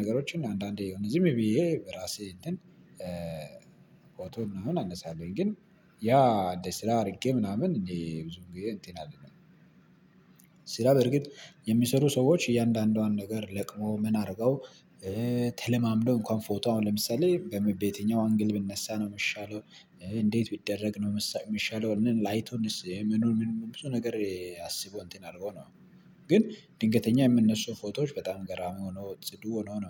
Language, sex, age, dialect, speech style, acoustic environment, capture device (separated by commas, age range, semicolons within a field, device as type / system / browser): Amharic, male, 25-34, Ethiopia - Addis Ababa, relaxed, nature, mobile / Linux / Chrome